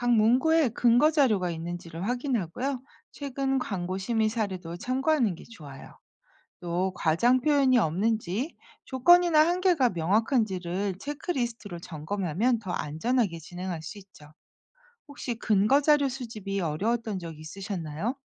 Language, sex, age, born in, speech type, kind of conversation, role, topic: Korean, female, 50-54, South Korea, read, expert-assistant, expert, 홍보 활동에서 진실과 과장이 만날 때, 우리는 어떤 선택을 해야 할까요?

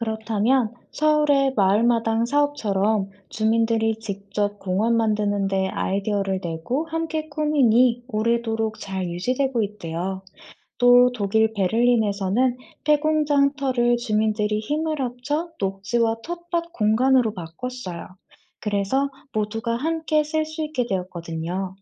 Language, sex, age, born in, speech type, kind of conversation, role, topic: Korean, female, 25-29, South Korea, read, expert-assistant, expert, 도시의 녹지 공간이 주민들에게 주는 이점은 무엇인가요?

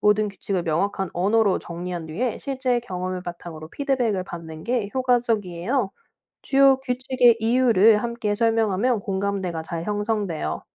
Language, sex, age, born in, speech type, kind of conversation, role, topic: Korean, female, 30-34, South Korea, read, expert-assistant, expert, 나라들이 서로 더 잘 협력하려면 어떤 새로운 방법이 있을까요?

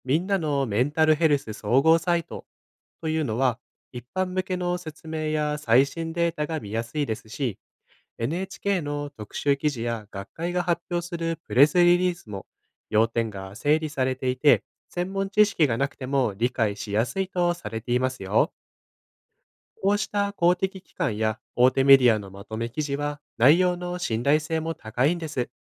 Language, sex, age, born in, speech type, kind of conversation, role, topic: Japanese, male, 25-29, Japan, read, expert-assistant, expert, SNSの利用は心の健康にどんな影響を与えますか？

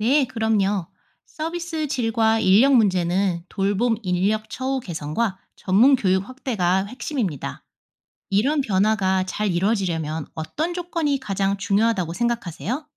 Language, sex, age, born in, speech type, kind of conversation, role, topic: Korean, female, 35-39, South Korea, read, expert-assistant, expert, 육아와 일을 병행하는 부모들을 돕기 위한 정책, 어떤 방법이 효과적일까요?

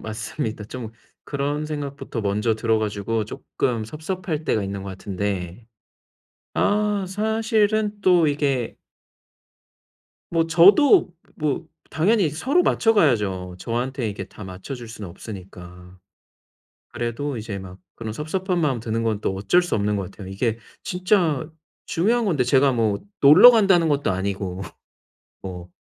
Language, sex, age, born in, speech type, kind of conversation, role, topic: Korean, male, 30-34, South Korea, conversation, advice, user, 연애할 때 서로의 기대를 솔직하게 어떻게 조율할 수 있을까요?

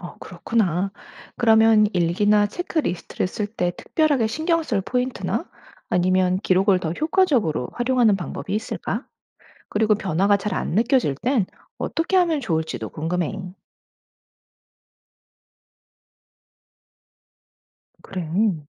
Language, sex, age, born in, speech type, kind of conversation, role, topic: Korean, female, 40-44, United States, read, expert-assistant, asker, 뇌와 행동의 관계를 더 잘 이해하려면 무엇부터 시작해야 할까요?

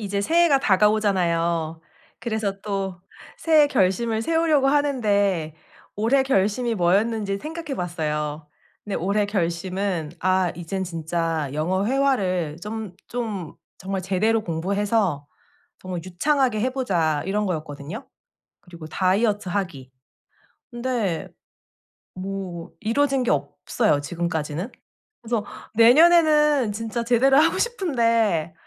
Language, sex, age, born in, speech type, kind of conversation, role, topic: Korean, female, 35-39, South Korea, conversation, advice, user, 결정한 일에 더 오래 전념하려면 어떻게 해야 할까요?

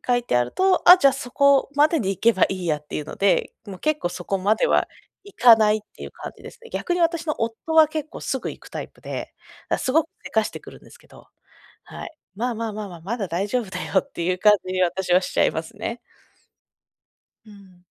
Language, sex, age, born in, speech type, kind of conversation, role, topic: Japanese, female, 30-34, Japan, conversation, advice, user, 先延ばしの習慣を断ち切って、仕事や勉強をすぐ始めるにはどうすればいいですか？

